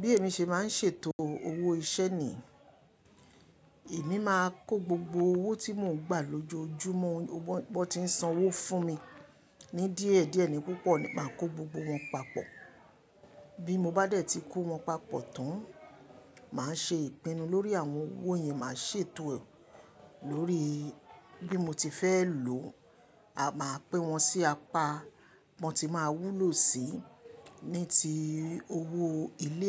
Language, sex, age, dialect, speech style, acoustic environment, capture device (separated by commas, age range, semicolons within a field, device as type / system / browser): Yoruba, female, 25-34, Nigeria - Lagos Yoruba, happy; relaxed, people talking; appliances, mobile / Linux / Chrome